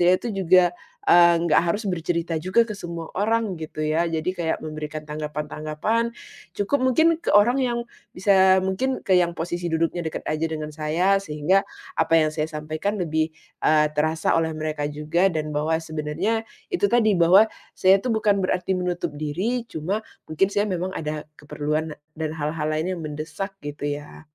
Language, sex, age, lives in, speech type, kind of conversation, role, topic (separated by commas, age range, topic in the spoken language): Indonesian, female, 35-39, Indonesia, conversation, advice, user, Bagaimana cara menyeimbangkan waktu antara bersosialisasi dan menyendiri?